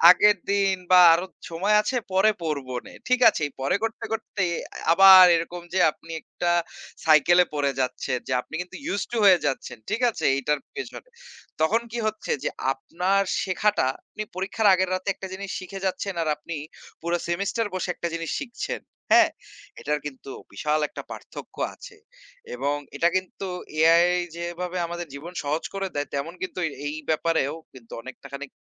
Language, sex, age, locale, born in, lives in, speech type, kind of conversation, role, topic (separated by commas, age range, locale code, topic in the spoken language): Bengali, male, 25-29, bn-BD, Bangladesh, Bangladesh, conversation, unstructured, none, তোমার মতে কৃত্রিম বুদ্ধিমত্তা কি ভালো, না খারাপ?